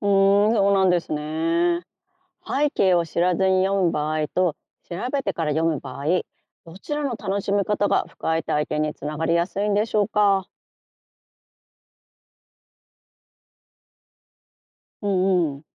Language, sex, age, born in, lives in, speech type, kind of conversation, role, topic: Japanese, female, 50-54, Japan, Japan, read, expert-assistant, asker, 詩は読む人によって全く違う意味になることがありますが、それは詩の魅力でしょうか、それとも難しさでしょうか？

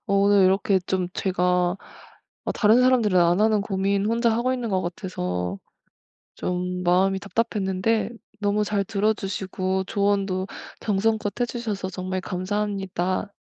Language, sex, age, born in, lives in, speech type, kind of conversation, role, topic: Korean, female, 25-29, South Korea, Germany, conversation, advice, user, 짧은 시간에 몸과 마음의 긴장을 풀 수 있는 이완 습관을 어떻게 만들 수 있을까요?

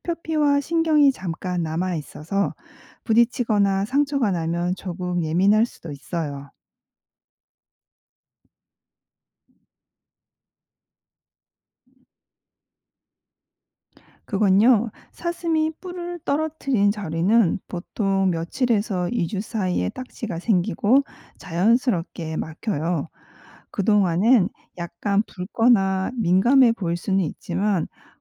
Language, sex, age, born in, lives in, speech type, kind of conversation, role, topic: Korean, female, 45-49, South Korea, United States, read, expert-assistant, expert, 왜 사슴은 매년 뿔이 자랄까요?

